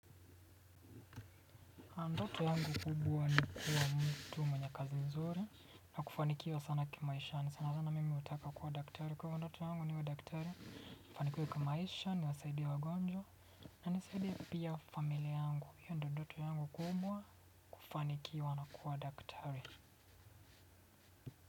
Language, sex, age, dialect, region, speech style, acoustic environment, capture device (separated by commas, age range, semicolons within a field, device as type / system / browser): Swahili, male, 25-34, Kenya - Mombasa, Kenya, relaxed, silence, desktop / Windows / Chrome